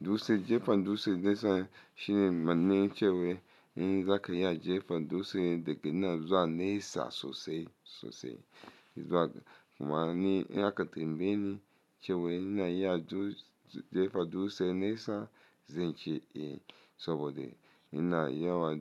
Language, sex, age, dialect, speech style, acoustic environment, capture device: Hausa, male, 35-44, Nigeria - Lagos Yoruba, focused, silence, mobile / Linux / Chrome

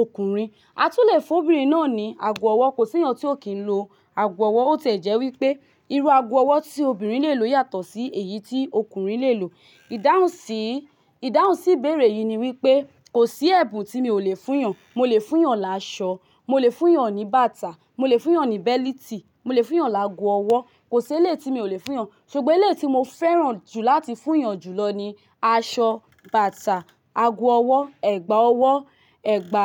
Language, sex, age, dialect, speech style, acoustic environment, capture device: Yoruba, female, 25-34, Nigeria - Lagos, happy, silence, mobile / Linux / Chrome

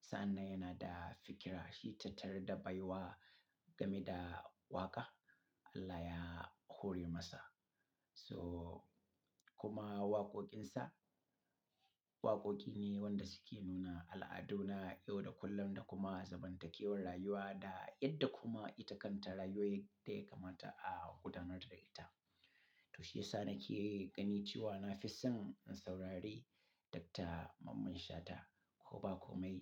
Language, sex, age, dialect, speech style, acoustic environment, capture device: Hausa, male, 35-44, Nigeria - Katsina, happy; relaxed; excited; focused, silence, mobile / Linux / Chrome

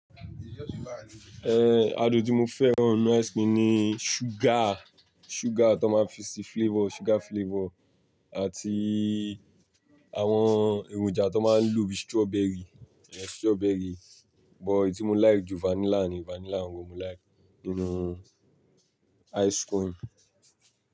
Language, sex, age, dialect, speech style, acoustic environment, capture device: Yoruba, male, 18-24, Nigeria - Lagos Yoruba, tired, people talking, mobile / Linux / Chrome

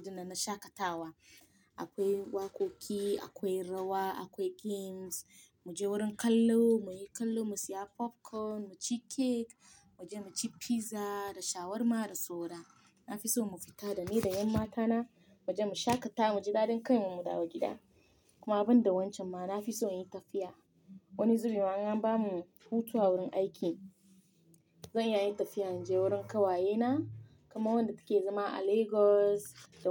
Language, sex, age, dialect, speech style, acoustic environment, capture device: Hausa, female, 25-34, Nigeria - Nigerian Standard, happy, silence, mobile / Linux / Chrome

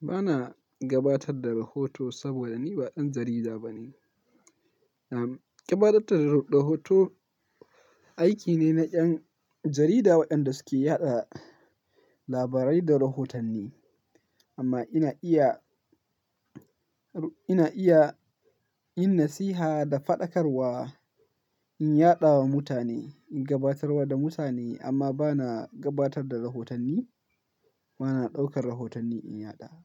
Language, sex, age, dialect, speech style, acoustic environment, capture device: Hausa, male, 18-24, Nigeria - Kano, focused; happy, nature; silence, mobile / Linux / Chrome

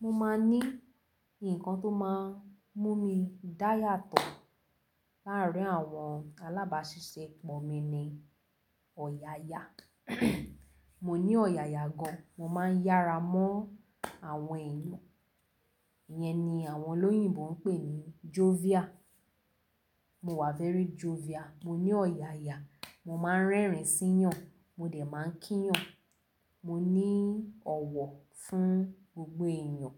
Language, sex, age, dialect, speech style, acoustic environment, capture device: Yoruba, female, 18-24, Nigeria - Lagos Yoruba, relaxed; focused, nature, mobile / Linux / Chrome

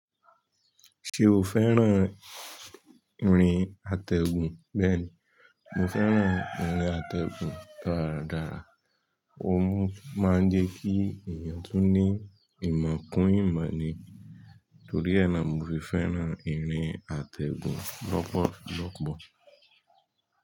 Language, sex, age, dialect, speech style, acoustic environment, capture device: Yoruba, male, 25-34, Nigeria - Nigerian Standard, tired, nature; music; people talking; traffic, mobile / Linux / Chrome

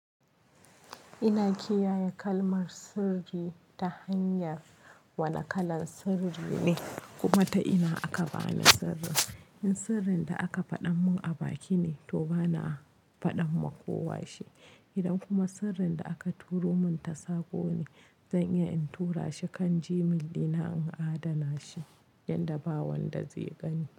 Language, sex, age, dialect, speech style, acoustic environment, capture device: Hausa, female, 25-34, Nigeria - Kano, relaxed, nature, mobile / Linux / Chrome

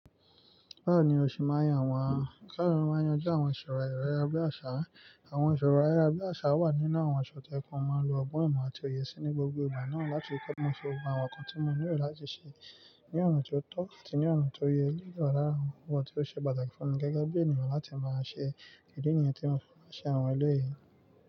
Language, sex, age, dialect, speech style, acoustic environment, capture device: Yoruba, male, 25-34, Nigeria - Nigerian Standard, relaxed, nature, mobile / Linux / Chrome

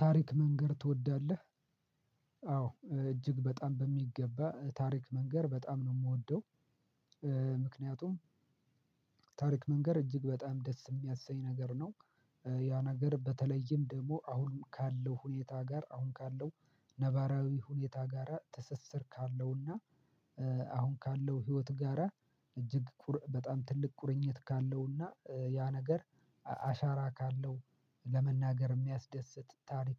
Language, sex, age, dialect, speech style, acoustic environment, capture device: Amharic, male, 25-34, Ethiopia - Addis Ababa, happy; focused; excited; relaxed, silence, mobile / Linux / Chrome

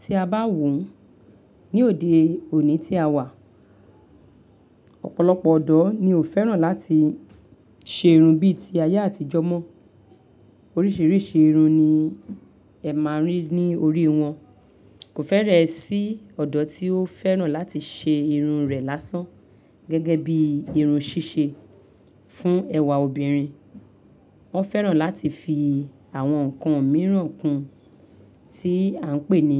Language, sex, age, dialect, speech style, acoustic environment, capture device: Yoruba, female, 35-44, Nigeria - Lagos Yoruba, happy; excited; focused, silence, mobile / Linux / Chrome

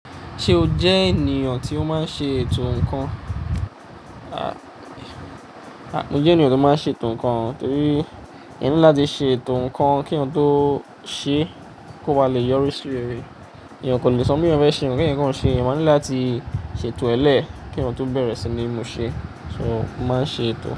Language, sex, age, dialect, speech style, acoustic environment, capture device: Yoruba, male, 25-34, Nigeria - Ijebu, happy; focused, nature; appliances, mobile / Linux / Chrome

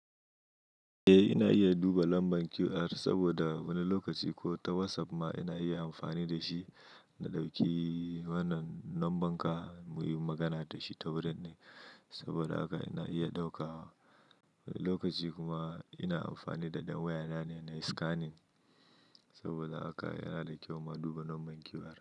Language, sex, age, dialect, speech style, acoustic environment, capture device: Hausa, male, 25-34, Nigeria - Kano, happy, silence; nature, mobile / Linux / Chrome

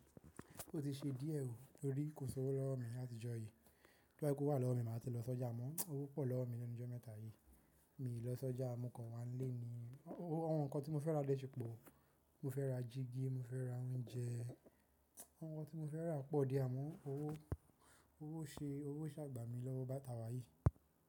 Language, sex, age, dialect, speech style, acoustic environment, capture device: Yoruba, male, 18-24, Nigeria - Nigerian Standard, happy; relaxed; tired, nature, mobile / macOS / Safari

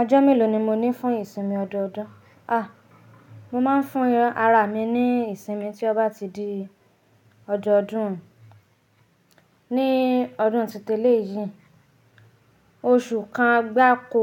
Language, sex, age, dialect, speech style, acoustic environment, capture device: Yoruba, female, 25-34, Nigeria - Nigerian Standard, happy, silence, mobile / Linux / Chrome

